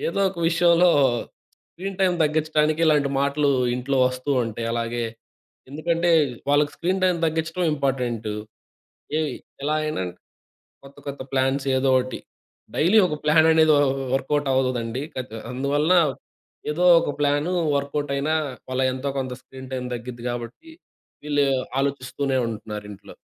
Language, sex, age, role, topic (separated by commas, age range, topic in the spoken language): Telugu, male, 20-24, guest, చిన్నపిల్లల స్క్రీన్ సమయంపై మీ కుటుంబంలో ఏ నిర్ణయం తీసుకుంటారు?